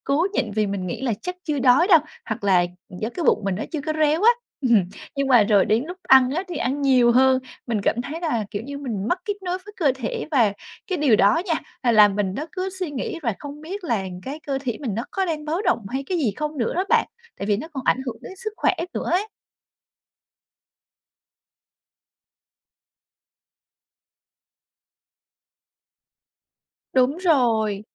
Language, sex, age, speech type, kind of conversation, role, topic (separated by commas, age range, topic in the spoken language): Vietnamese, female, 25-29, conversation, advice, user, Làm sao để nhận biết tín hiệu đói và no của cơ thể tôi?